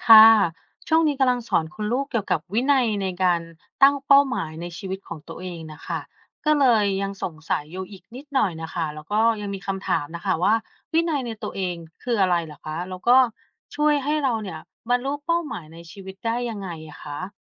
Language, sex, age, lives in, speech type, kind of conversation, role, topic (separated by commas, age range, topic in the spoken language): Thai, female, 30-34, United States, read, expert-assistant, asker, การมีวินัยในตนเองช่วยให้เราบรรลุเป้าหมายได้อย่างไร